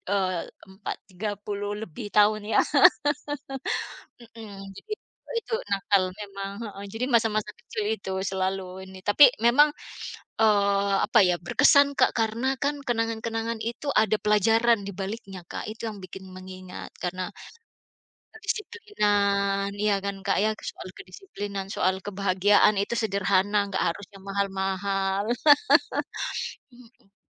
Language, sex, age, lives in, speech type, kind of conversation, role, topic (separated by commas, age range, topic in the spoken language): Indonesian, female, 45-49, United States, conversation, unstructured, none, Apa kenangan masa kecil yang paling berkesan untukmu?